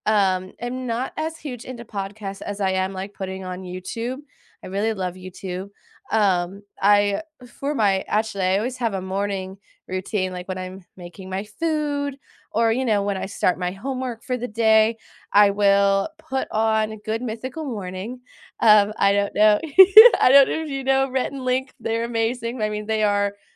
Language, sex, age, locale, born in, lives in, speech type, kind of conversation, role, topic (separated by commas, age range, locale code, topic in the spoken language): English, female, 20-24, en-US, United States, United States, conversation, unstructured, none, What hidden-gem podcasts or YouTube channels could we enjoy together, and why?